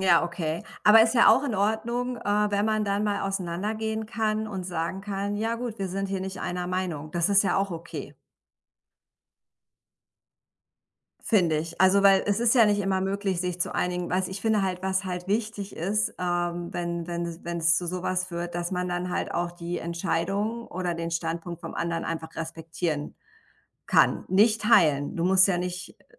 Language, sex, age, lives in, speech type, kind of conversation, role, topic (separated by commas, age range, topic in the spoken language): German, female, 45-49, United States, conversation, unstructured, none, Wie gehst du mit Konflikten in der Familie um?